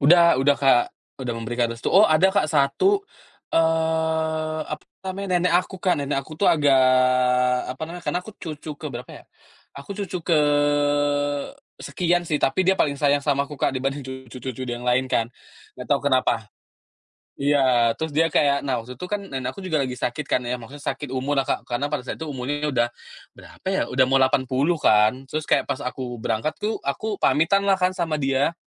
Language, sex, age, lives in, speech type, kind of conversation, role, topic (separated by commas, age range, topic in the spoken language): Indonesian, male, 30-34, Indonesia, conversation, podcast, guest, Bagaimana Anda memutuskan untuk pindah ke kota atau negara lain?